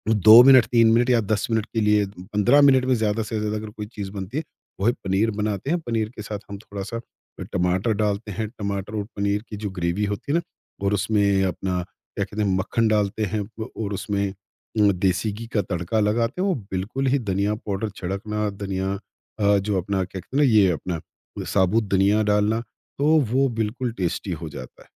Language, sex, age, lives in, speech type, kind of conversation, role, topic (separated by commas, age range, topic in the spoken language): Hindi, male, 50-54, India, conversation, podcast, guest, Ghar par mehmaan aane par aap jaldi mein kya banate hain jo dekhne mein bhi achha lage?